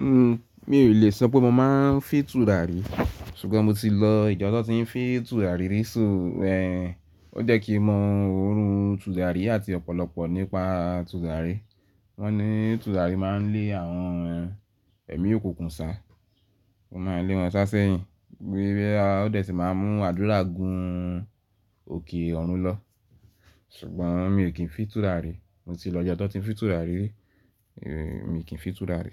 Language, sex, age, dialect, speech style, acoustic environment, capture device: Yoruba, male, 18-24, Nigeria - Ibadan, nervous; focused, silence, mobile / Linux / Chrome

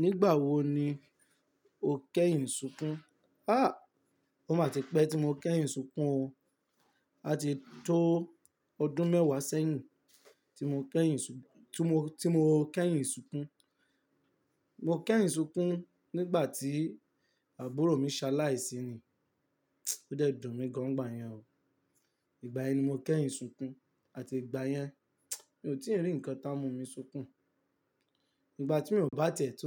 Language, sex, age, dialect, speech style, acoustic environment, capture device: Yoruba, male, 18-24, Nigeria - Lagos Yoruba, tired; nervous, nature, mobile / Linux / Chrome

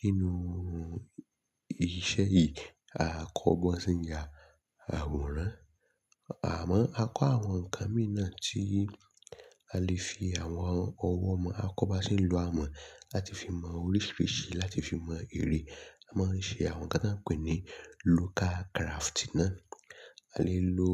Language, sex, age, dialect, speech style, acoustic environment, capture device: Yoruba, male, 25-34, Nigeria - Lagos Yoruba, relaxed; excited; nervous, people talking; silence; nature; traffic, mobile / Linux / Chrome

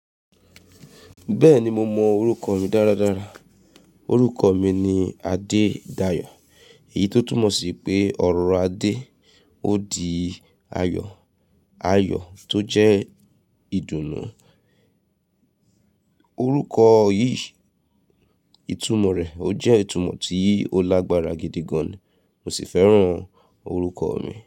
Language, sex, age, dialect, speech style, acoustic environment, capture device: Yoruba, female, 35-44, Nigeria - Nigerian Standard, focused, nature; silence, mobile / Linux / Chrome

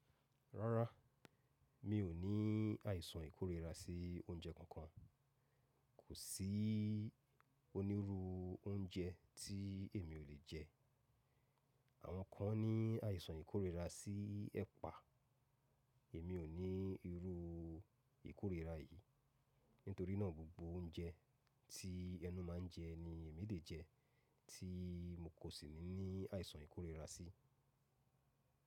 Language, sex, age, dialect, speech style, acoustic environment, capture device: Yoruba, male, 35-44, Nigeria - Lagos Yoruba, focused; relaxed, silence, mobile / macOS / Safari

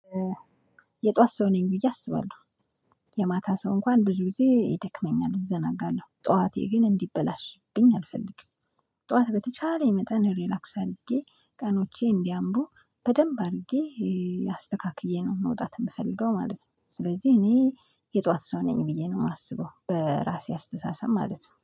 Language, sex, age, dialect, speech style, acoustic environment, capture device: Amharic, female, 25-34, Ethiopia - Addis Ababa, focused, silence, mobile / Linux / Chrome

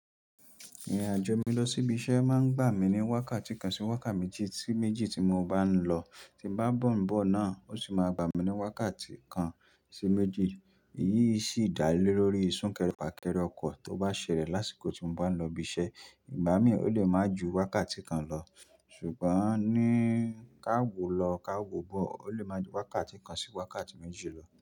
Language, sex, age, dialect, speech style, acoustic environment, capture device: Yoruba, male, 25-34, Nigeria - Nigerian Standard, happy; focused; excited; relaxed, nature, mobile / Linux / Chrome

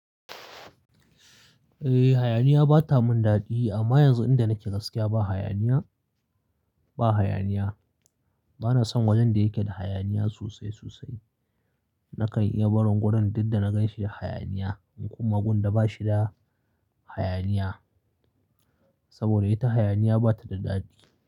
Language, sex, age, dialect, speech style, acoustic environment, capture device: Hausa, male, 25-34, Nigeria - Kano, anxious; focused, silence, mobile / Linux / Chrome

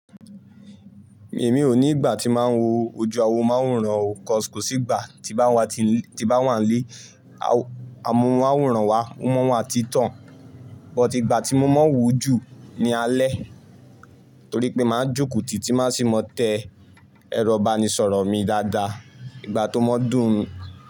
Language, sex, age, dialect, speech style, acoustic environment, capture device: Yoruba, male, 18-24, Nigeria - Ibadan, focused, nature, mobile / Linux / Chrome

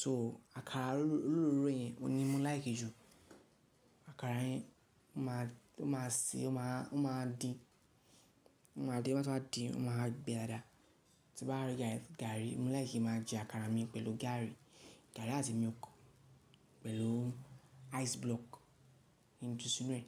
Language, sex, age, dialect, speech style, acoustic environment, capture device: Yoruba, male, 18-24, Nigeria - Lagos Yoruba, relaxed; focused, nature; silence; people talking, mobile / Linux / Chrome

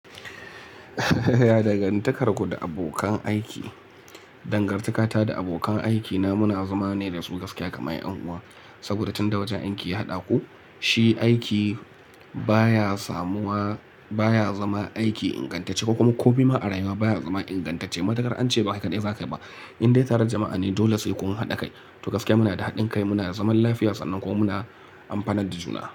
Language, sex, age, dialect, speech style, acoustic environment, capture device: Hausa, male, 25-34, Nigeria - Kano, relaxed; focused, silence, mobile / Linux / Chrome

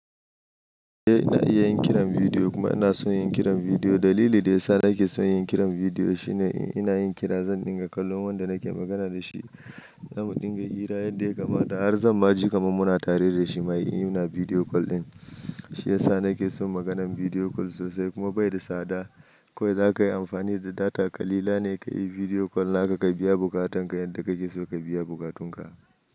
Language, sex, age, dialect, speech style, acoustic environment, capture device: Hausa, male, 25-34, Nigeria - Nigerian Standard, anxious; happy, nature; silence, mobile / Linux / Chrome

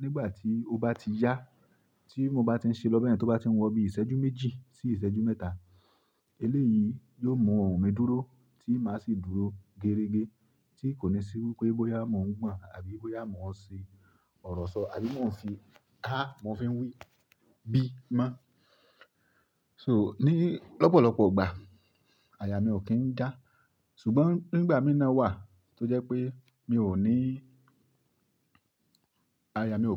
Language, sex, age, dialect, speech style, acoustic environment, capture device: Yoruba, male, 25-34, Nigeria - Ibadan, happy; focused; relaxed, silence, mobile / Linux / Chrome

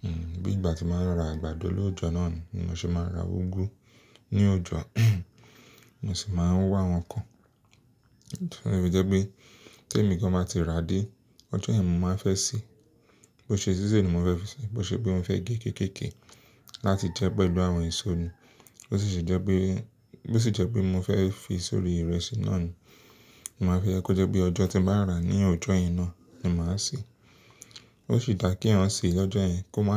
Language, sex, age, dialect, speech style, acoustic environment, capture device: Yoruba, male, 25-34, Nigeria - Lagos Yoruba, excited; happy; relaxed; focused, silence, mobile / Linux / Chrome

